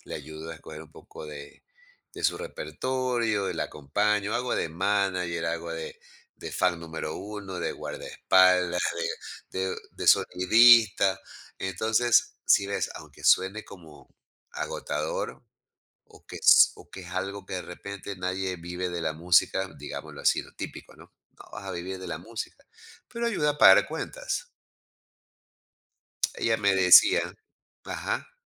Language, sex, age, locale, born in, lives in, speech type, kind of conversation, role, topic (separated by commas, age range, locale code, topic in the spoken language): Spanish, male, 55-59, es-EC, Ecuador, United States, conversation, podcast, guest, ¿Cómo equilibras tu trabajo comercial con tus proyectos personales?